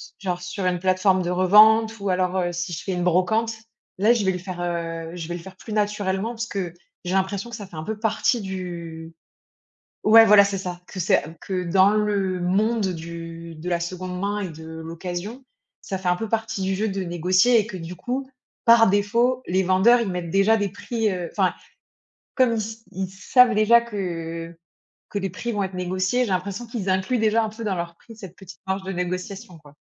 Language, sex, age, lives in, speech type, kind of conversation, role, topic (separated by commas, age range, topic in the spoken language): French, female, 30-34, France, conversation, unstructured, none, Quelle est votre stratégie pour négocier un meilleur prix ?